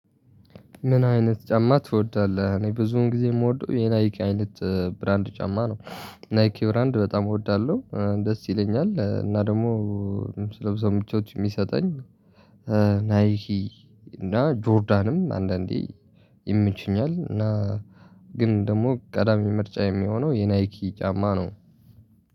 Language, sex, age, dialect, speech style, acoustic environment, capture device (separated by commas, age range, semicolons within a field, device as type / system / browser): Amharic, male, 18-24, Ethiopia - Tigray, relaxed, appliances, mobile / Linux / Chrome